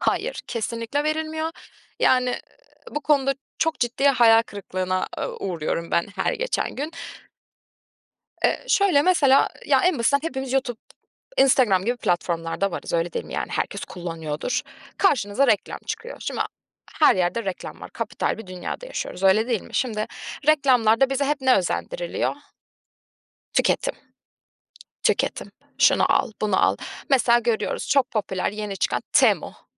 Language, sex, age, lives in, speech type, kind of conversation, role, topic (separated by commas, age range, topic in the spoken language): Turkish, female, 25-29, Germany, conversation, podcast, guest, Çevre dostu alışkanlıklarını bizimle paylaşır mısın?